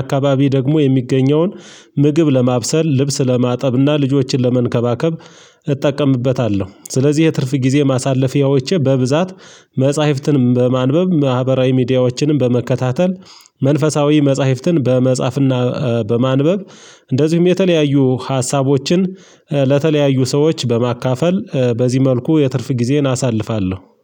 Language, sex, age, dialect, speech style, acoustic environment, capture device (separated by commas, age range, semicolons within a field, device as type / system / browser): Amharic, male, 35-44, Ethiopia - Gojjam, relaxed; focused, nature; silence, mobile / Linux / Chrome